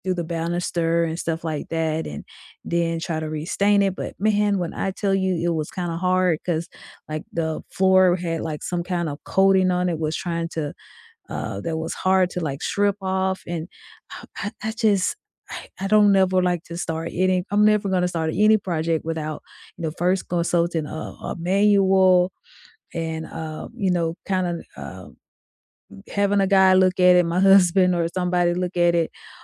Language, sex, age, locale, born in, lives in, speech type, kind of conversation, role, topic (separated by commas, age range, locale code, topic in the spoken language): English, female, 45-49, en-US, United States, United States, conversation, unstructured, none, What single tool would you grab first for any home or DIY project, and why?